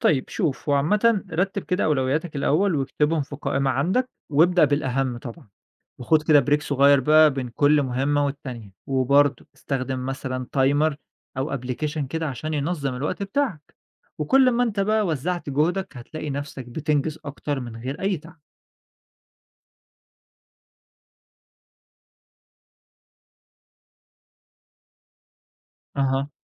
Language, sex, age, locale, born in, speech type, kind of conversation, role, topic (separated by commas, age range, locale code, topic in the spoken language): Arabic, male, 25-29, ar-EG, Egypt, read, expert-assistant, expert, هل فعلاً تعدد المهام (المولتي تاسكينج) بيخلي الواحد أكثر إنتاجية، ولا ده مجرد اعتقاد خاطئ؟